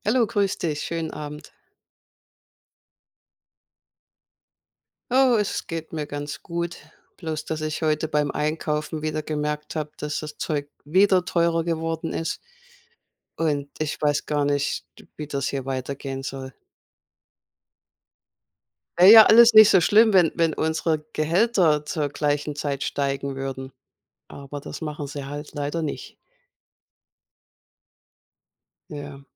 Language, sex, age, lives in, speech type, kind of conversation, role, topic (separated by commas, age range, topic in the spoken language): German, female, 55-59, United States, conversation, unstructured, none, Was würdest du mit sehr viel Geld machen?